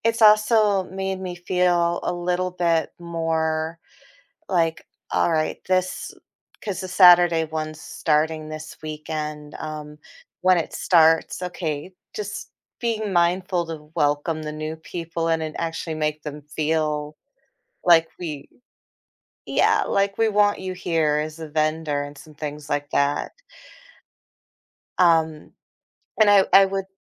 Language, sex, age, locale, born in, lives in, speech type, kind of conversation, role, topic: English, female, 40-44, en-US, United States, United States, conversation, podcast, guest, What are some meaningful ways we can help others feel more included in our communities?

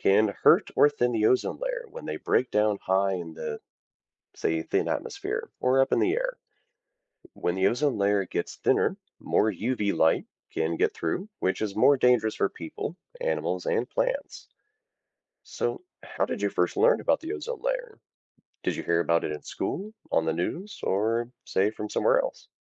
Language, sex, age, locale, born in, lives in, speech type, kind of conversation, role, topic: English, male, 30-34, en-US, United States, United States, read, expert-assistant, expert, What is the ozone layer and why is it important?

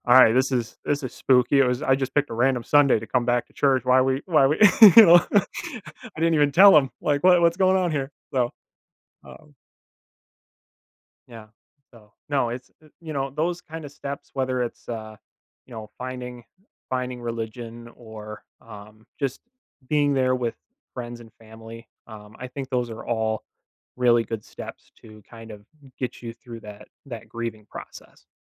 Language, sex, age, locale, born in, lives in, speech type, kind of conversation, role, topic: English, male, 25-29, en-US, United States, United States, conversation, podcast, guest, How do people find comfort and healing after experiencing loss?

